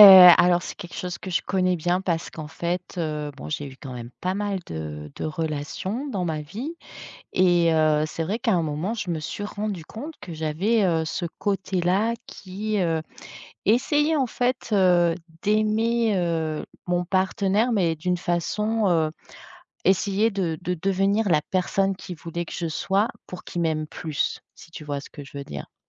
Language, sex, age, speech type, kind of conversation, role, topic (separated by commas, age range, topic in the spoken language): French, female, 45-49, conversation, podcast, guest, As-tu déjà modifié ta façon d’aimer pour quelqu’un ?